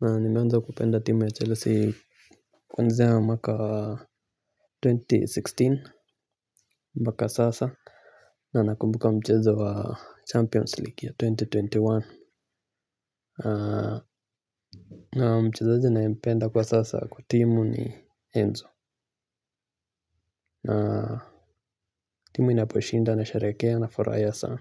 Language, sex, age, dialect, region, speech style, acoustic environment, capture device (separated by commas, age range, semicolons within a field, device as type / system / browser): Swahili, male, 25-34, Kenya - Nairobi Sheng-influenced, Kenya, relaxed, people talking, mobile / Linux / Chrome